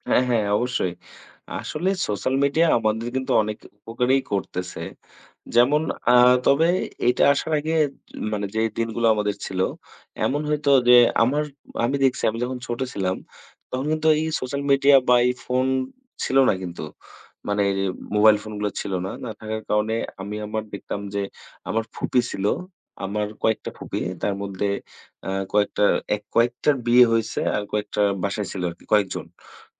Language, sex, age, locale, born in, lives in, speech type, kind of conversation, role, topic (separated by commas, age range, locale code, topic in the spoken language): Bengali, male, 20-24, bn-BD, Bangladesh, Bangladesh, conversation, unstructured, none, আপনি কি মনে করেন সামাজিক যোগাযোগমাধ্যম আমাদের যোগাযোগের ধরন বদলে দিয়েছে?